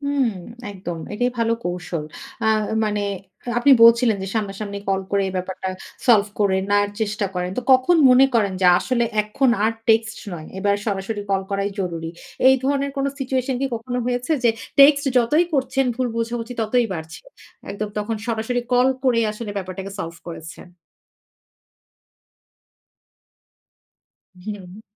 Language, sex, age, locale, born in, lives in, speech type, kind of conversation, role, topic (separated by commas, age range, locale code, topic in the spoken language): Bengali, female, 35-39, bn-BD, Bangladesh, Finland, conversation, podcast, host, টেক্সট বার্তায় ভুল বোঝাবুঝি কমাতে আপনি কী করবেন?